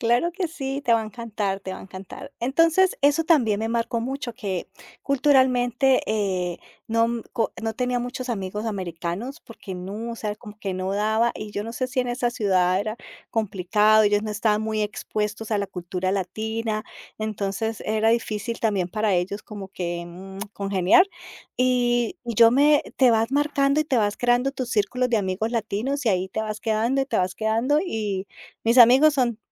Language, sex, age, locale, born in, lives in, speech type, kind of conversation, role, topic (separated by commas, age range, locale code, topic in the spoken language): Spanish, female, 50-54, es-CO, Colombia, United States, conversation, podcast, guest, ¿De qué manera te marcó mudarte a otro país o a otra ciudad?